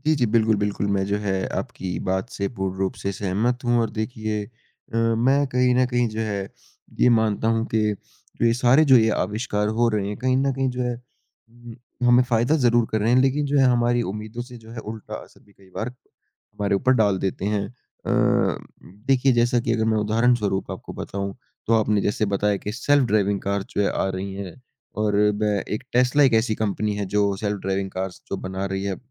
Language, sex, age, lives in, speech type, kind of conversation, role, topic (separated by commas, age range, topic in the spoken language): Hindi, male, 20-24, India, conversation, unstructured, none, किस तकनीकी आविष्कार ने आपको सबसे ज़्यादा चौंकाया है?